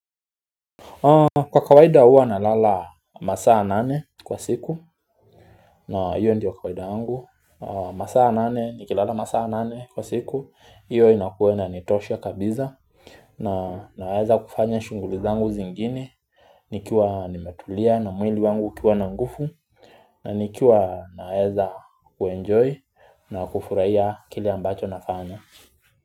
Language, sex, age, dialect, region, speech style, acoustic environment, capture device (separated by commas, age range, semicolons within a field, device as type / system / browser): Swahili, male, 25-34, Kenya - Nairobi Sheng-influenced, Kenya, relaxed; focused, silence; nature, mobile / Linux / Chrome